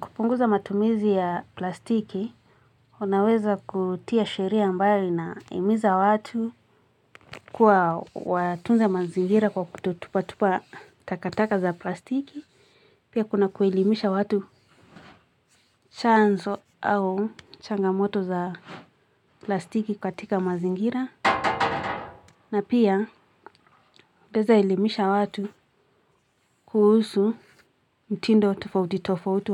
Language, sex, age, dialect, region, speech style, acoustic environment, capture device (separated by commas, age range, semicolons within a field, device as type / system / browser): Swahili, female, 18-24, Kenya - Nairobi Sheng-influenced, Kenya, happy, people talking; music; nature, mobile / Linux / Chrome